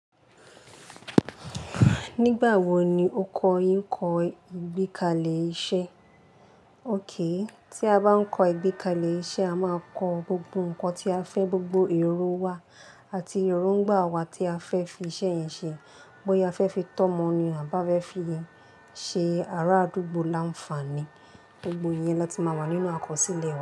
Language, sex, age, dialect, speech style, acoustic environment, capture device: Yoruba, female, 18-24, Nigeria - Lagos Yoruba, relaxed, appliances, mobile / Linux / Chrome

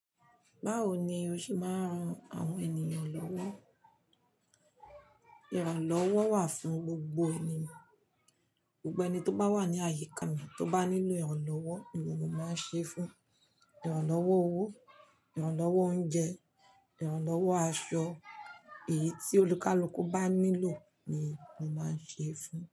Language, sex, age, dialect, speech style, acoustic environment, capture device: Yoruba, female, 45-54, Nigeria - Lagos Yoruba, relaxed, nature, mobile / Linux / Chrome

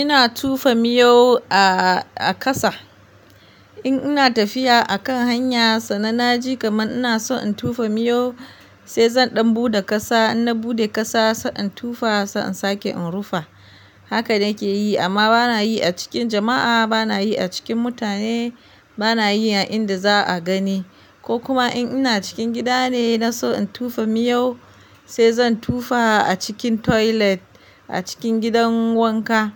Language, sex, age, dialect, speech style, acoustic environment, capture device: Hausa, female, 25-34, Nigeria - Kano, relaxed; focused; happy, nature; silence, mobile / Linux / Chrome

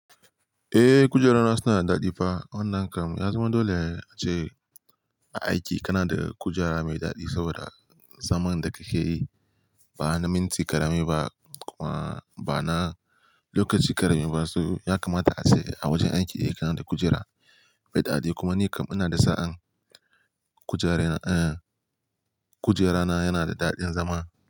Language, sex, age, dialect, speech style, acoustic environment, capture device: Hausa, male, 18-24, Nigeria - Kano, happy; relaxed; focused, nature, mobile / Linux / Chrome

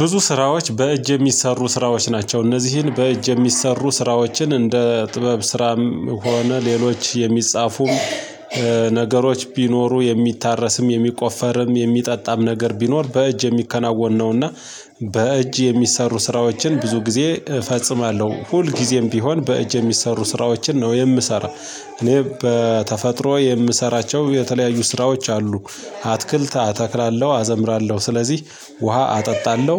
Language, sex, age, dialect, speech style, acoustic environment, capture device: Amharic, male, 35-44, Ethiopia - Gojjam, happy; excited, silence, mobile / Linux / Chrome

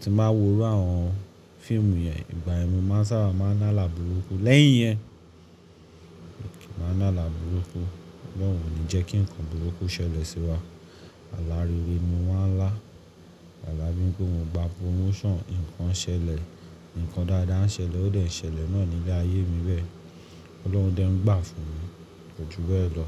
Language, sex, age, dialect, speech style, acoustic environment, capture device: Yoruba, male, 25-34, Nigeria - Lagos Yoruba, focused, appliances, mobile / Linux / Chrome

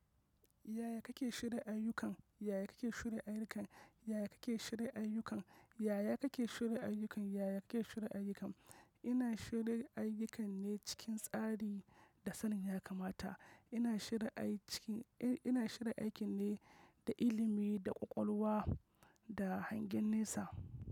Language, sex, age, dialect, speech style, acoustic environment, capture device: Hausa, male, 25-34, Nigeria - Kano, focused, nature; silence, mobile / macOS / Safari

